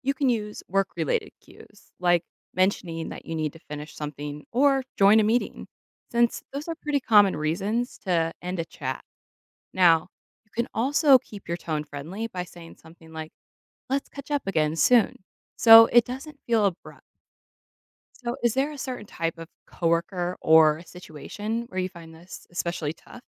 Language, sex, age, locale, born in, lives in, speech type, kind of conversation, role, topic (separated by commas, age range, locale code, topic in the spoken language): English, female, 30-34, en-US, United States, United States, read, expert-assistant, expert, How can you end a conversation gracefully without being rude?